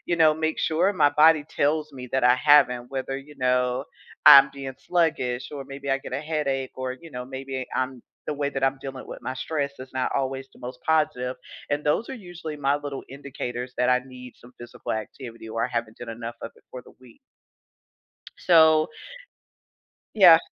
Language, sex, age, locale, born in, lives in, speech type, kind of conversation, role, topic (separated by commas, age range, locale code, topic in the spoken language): English, female, 45-49, en-US, United States, United States, conversation, podcast, host, What helps people build and maintain a consistent exercise routine?